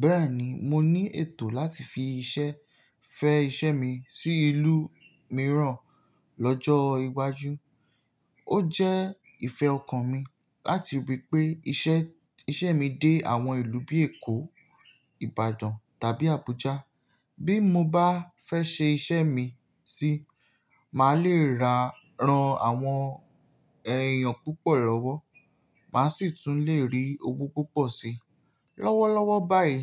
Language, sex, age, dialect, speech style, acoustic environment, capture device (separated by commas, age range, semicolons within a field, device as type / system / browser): Yoruba, male, 18-24, Nigeria - Nigerian Standard, relaxed, silence, mobile / Linux / Chrome